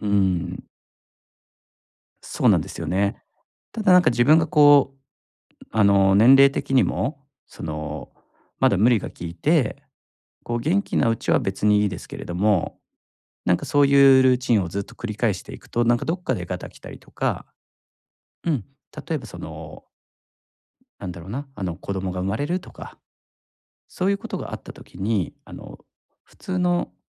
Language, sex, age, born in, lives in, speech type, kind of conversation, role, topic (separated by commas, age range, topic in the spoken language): Japanese, male, 40-44, Japan, Japan, conversation, advice, user, 仕事と家庭の時間をうまく切り分けるにはどうすればいいですか？